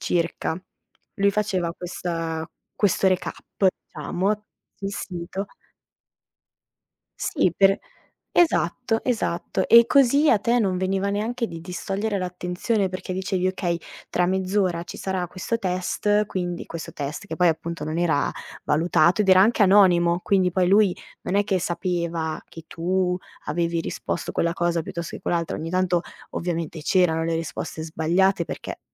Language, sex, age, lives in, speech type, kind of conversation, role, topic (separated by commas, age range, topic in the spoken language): Italian, female, 25-29, Italy, conversation, podcast, guest, Come si può rendere una lezione più coinvolgente per gli studenti?